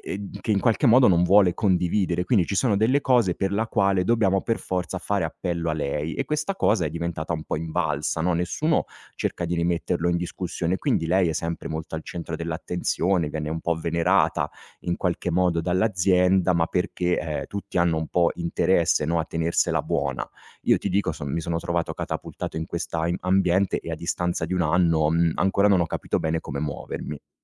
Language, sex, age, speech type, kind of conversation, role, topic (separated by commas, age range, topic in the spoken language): Italian, male, 35-39, conversation, advice, user, Come posso gestire un collega che si prende tutto il merito del mio lavoro?